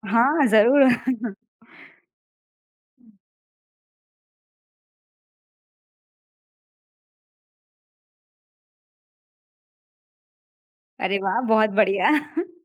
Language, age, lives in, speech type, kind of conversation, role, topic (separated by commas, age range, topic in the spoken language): Hindi, 25-29, India, conversation, podcast, host, Shaadi ke functions mein aapki family ka group mahaul kaisa hota hai?